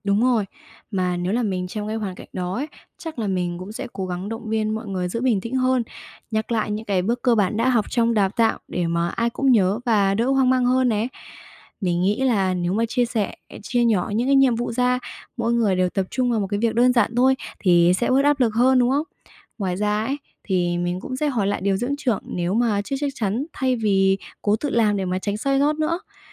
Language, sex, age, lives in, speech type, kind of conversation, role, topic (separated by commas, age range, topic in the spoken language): Vietnamese, female, 20-24, Vietnam, read, expert-assistant, asker, Nếu coi bệnh viện như một chiếc xe buýt đông người, bạn nghĩ vai trò của người quản lý bệnh viện giống với ai nhất trên chuyến xe đó? Vì sao?